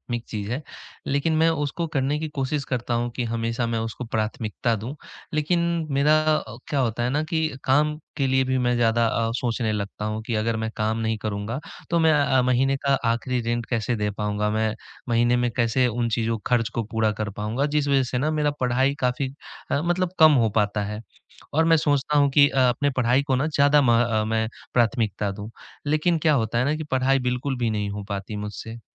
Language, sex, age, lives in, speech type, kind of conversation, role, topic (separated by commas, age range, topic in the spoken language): Hindi, male, 20-24, India, conversation, advice, user, मैं कैसे तय करूँ कि मेरे लिए सबसे ज़रूरी क्या है?